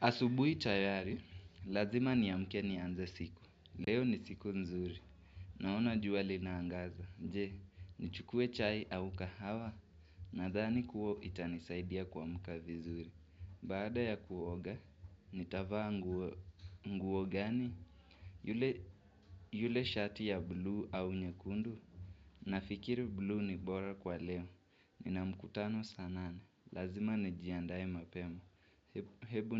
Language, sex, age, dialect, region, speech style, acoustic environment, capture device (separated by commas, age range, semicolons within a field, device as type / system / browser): Swahili, male, 18-24, Kenya - Nairobi Sheng-influenced, Kenya, happy; focused, nature, mobile / Linux / Chrome